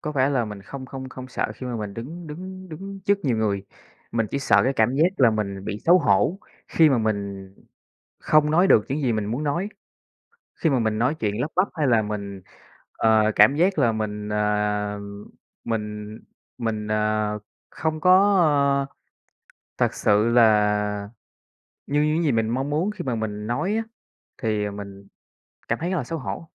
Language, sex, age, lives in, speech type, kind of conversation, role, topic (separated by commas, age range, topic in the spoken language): Vietnamese, male, 25-29, Vietnam, conversation, advice, user, Làm thế nào để tôi giảm bớt cảm giác lo lắng trước các cuộc họp hoặc khi thuyết trình?